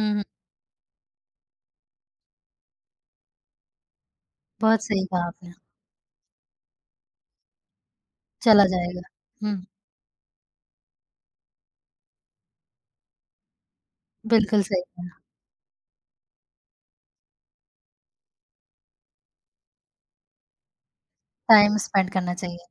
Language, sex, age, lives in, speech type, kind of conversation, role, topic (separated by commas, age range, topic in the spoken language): Hindi, female, 25-29, India, conversation, podcast, host, Mountain top pe khade hoke tum kya sochte ho?